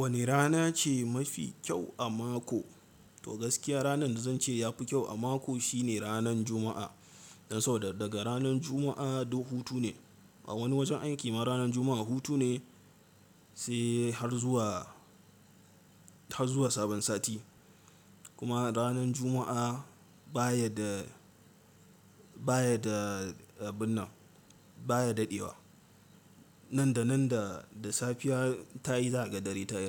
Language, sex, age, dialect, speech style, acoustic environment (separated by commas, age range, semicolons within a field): Hausa, male, 18-24, Nigeria - Katsina, relaxed, silence